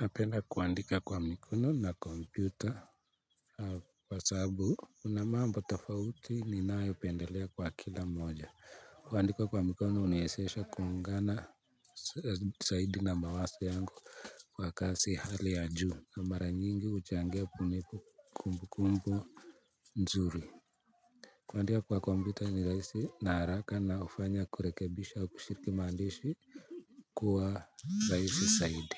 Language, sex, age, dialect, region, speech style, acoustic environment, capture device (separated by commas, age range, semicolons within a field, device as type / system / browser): Swahili, male, 25-34, Kenya - Nairobi Sheng-influenced, Kenya, happy; focused; relaxed; excited, people talking; appliances; silence; nature, mobile / Linux / Chrome